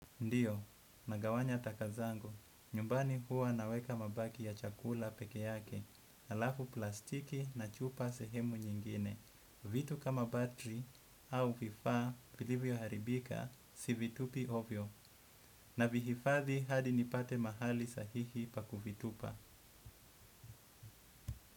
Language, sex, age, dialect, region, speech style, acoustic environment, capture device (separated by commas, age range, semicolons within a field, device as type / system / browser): Swahili, male, 25-34, Kenya - Mombasa, Kenya, happy; anxious; relaxed; excited; focused, nature; appliances; traffic, desktop / Windows / Edge